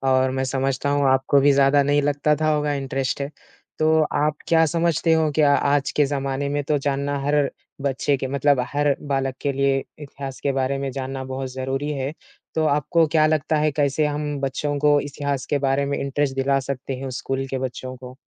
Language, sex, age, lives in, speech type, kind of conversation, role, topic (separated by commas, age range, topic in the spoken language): Hindi, male, 20-24, India, conversation, unstructured, none, आज के समय में इतिहास को जानना क्यों ज़रूरी है?